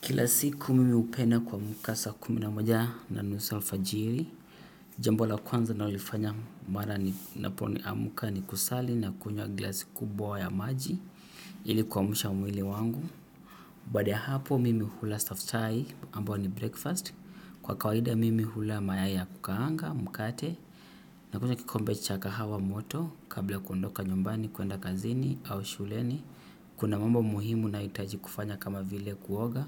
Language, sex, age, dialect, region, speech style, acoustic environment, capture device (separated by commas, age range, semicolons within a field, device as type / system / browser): Swahili, male, 25-34, Kenya - Mombasa, Kenya, happy, silence, desktop / Windows / Edge